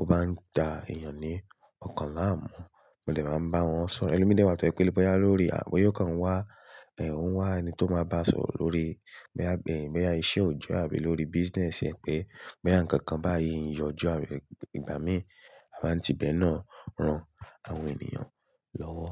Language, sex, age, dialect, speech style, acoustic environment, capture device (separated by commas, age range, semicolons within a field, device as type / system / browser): Yoruba, male, 18-24, Nigeria - Ibadan, relaxed, silence; nature, mobile / Linux / Chrome